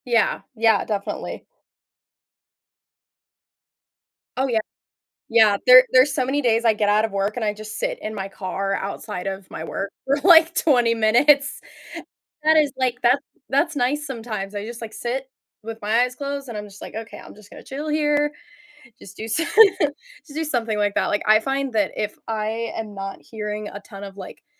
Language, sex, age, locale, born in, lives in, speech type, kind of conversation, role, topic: English, female, 20-24, en-US, United States, United States, conversation, advice, user, How can I manage daily responsibilities without feeling constantly stressed?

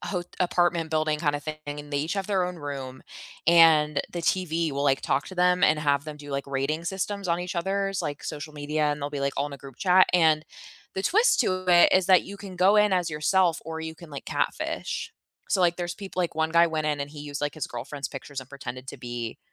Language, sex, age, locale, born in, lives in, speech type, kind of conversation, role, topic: English, female, 25-29, en-US, United States, United States, conversation, unstructured, none, What reality TV moments can’t you stop talking about, and why?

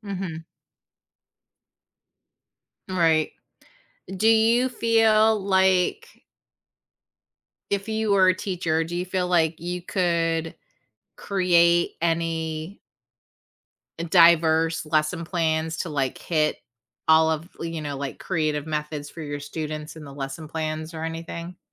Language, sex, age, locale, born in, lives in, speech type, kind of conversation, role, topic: English, female, 45-49, en-US, United States, United States, conversation, podcast, host, How does encouraging creativity shape students’ learning and growth?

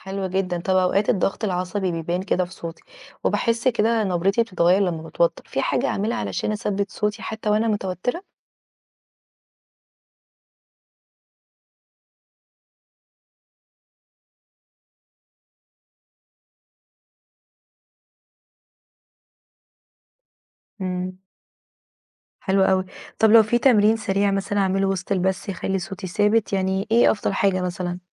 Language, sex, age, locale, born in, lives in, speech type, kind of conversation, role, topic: Arabic, female, 20-24, ar-EG, Egypt, Egypt, read, expert-assistant, asker, إزاي أقدر أتعامل مع الضغط العصبي والتوتر اللي بيحصل قبل وأثناء البث المباشر؟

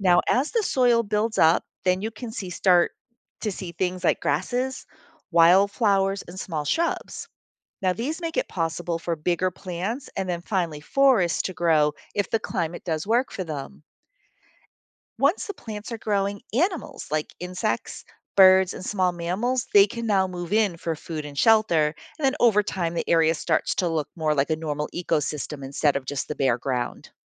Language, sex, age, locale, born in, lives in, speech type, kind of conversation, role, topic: English, female, 55-59, en-US, United States, United States, read, expert-assistant, expert, How do glaciers shape the land as they move?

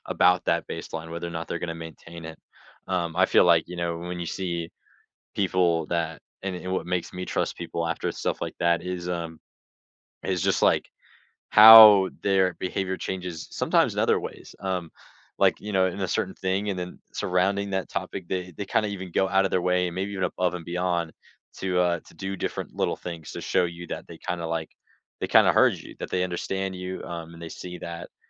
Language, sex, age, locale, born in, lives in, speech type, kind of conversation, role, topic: English, male, 18-19, en-US, United States, United States, conversation, unstructured, none, How do you repair your relationship after conflict and move from hurt to harmony together?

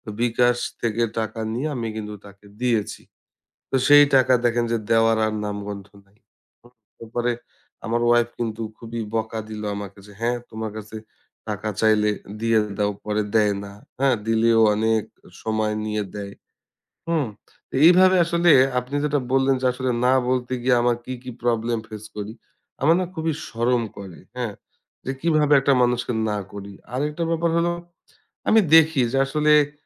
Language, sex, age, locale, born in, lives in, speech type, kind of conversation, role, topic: Bengali, male, 30-34, bn-BD, Bangladesh, Bangladesh, conversation, advice, user, আপনি কেন প্রায় সব অনুরোধে না বলতে পারেন না?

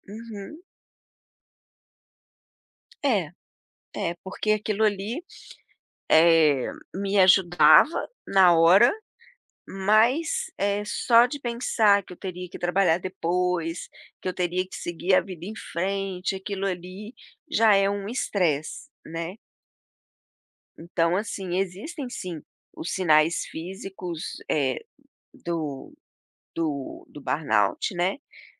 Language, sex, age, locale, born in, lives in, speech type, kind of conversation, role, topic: Portuguese, female, 55-59, pt-BR, Brazil, United States, conversation, podcast, guest, Qual é um sinal claro de que você está entrando em burnout?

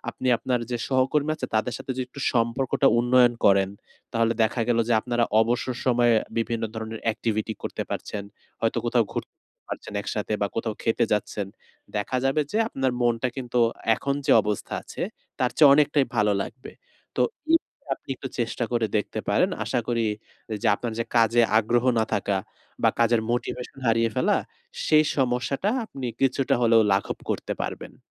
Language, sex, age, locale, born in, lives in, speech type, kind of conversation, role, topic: Bengali, male, 20-24, bn-BD, Bangladesh, Bangladesh, conversation, advice, advisor, অনুপ্রেরণা না থাকায় কীভাবে পুরোনো অসম্পূর্ণ কাজগুলো শেষ করতে পারি?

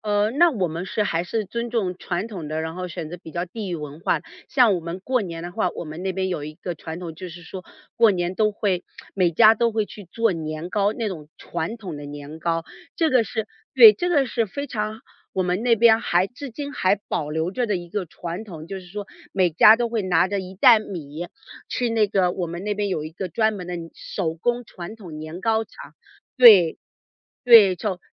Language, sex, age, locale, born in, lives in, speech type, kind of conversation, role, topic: Chinese, female, 40-44, zh-CN, China, United States, conversation, podcast, guest, 过节时你们家一定会做什么？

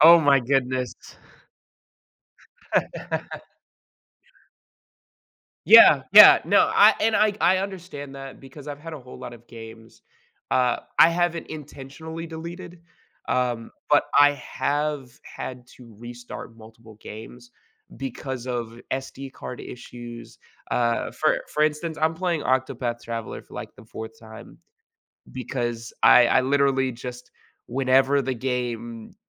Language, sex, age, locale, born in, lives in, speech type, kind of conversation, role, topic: English, male, 20-24, en-US, United States, United States, conversation, unstructured, none, How does humor affect our enjoyment of entertainment and storytelling?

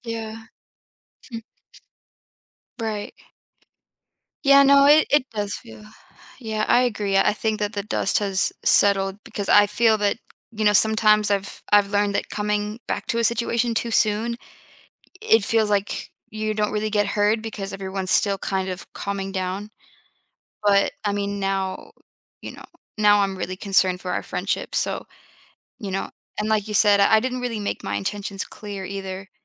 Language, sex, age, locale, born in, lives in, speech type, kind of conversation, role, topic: English, female, 25-29, en-US, United States, United States, conversation, advice, user, How can I approach my close friend to resolve a disagreement and move forward?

